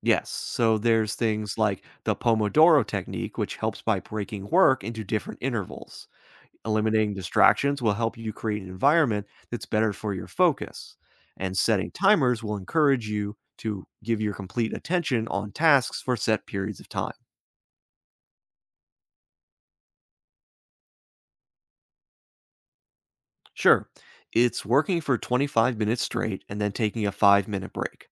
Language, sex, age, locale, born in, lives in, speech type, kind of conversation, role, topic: English, male, 30-34, en-US, United States, United States, read, expert-assistant, expert, What are the principles of effective time management?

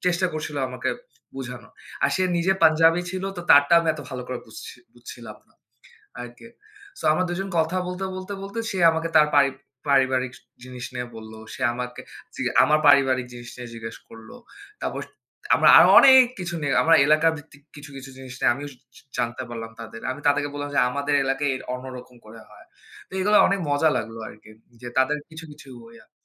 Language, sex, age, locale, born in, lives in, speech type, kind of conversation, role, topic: Bengali, male, 25-29, bn-BD, Bangladesh, Bangladesh, conversation, unstructured, none, আপনি কি একা ভ্রমণের কথা ভাবলে ভয় পান?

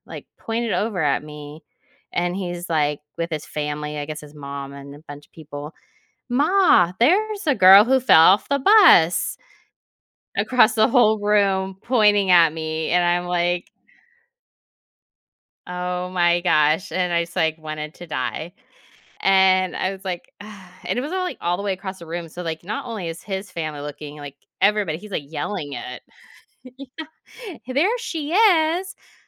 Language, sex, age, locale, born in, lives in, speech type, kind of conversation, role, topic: English, female, 40-44, en-US, United States, United States, conversation, advice, user, How can I recover confidently after a clumsy moment in public?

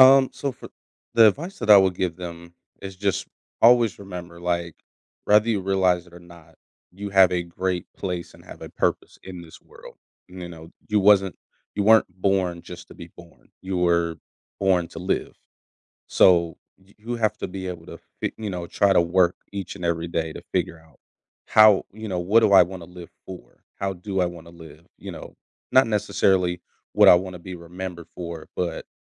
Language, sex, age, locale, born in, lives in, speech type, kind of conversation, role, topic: English, male, 30-34, en-US, United States, United States, conversation, podcast, guest, In what ways does regular physical activity support emotional and mental well-being?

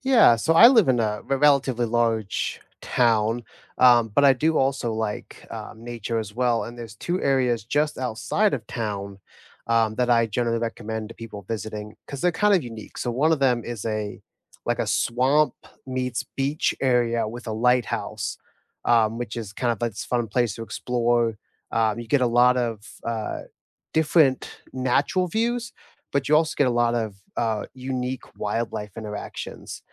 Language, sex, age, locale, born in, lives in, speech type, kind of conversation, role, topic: English, male, 25-29, en-US, United States, United States, conversation, unstructured, none, What local spots do you recommend to friends who are visiting?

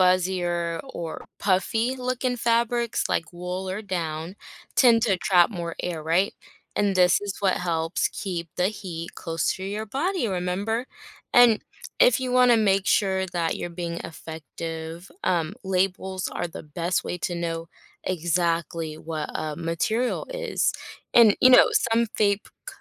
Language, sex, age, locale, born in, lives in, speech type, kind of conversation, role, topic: English, female, 25-29, en-US, United States, United States, read, expert-assistant, expert, Why do we get goosebumps?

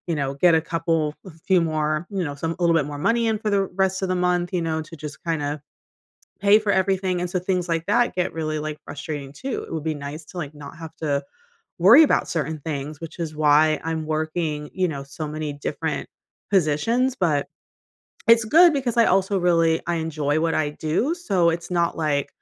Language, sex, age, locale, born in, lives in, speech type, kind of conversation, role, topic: English, female, 40-44, en-US, United States, United States, conversation, advice, user, How can I balance work and life better?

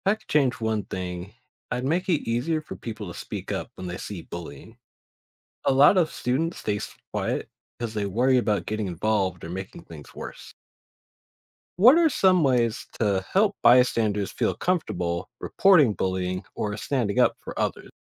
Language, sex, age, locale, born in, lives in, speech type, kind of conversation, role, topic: English, male, 25-29, en-US, United States, United States, read, expert-assistant, asker, What are the effects of bullying and how can we prevent it?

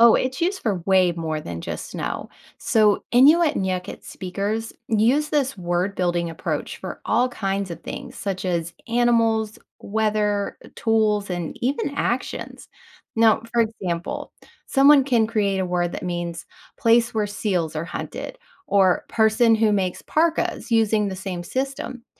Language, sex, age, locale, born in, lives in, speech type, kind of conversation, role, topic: English, female, 40-44, en-US, United States, United States, read, expert-assistant, expert, Is the claim that Eskimo languages have dozens of words for snow a myth or a fact?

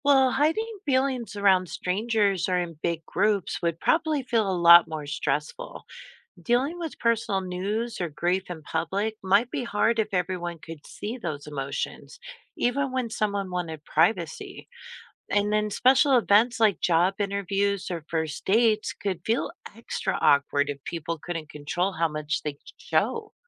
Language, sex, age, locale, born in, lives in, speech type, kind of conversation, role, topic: English, female, 45-49, en-US, United States, United States, read, expert-assistant, asker, How would our world be different if we could all see each other's emotions?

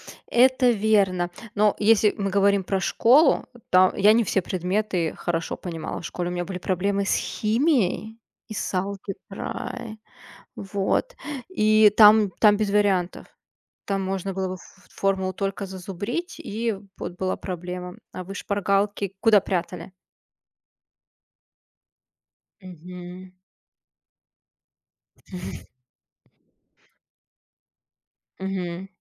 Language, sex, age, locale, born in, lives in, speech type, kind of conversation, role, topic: Russian, female, 30-34, ru-RU, Russia, Germany, conversation, unstructured, none, Какие советы ты бы дал по подготовке к экзаменам?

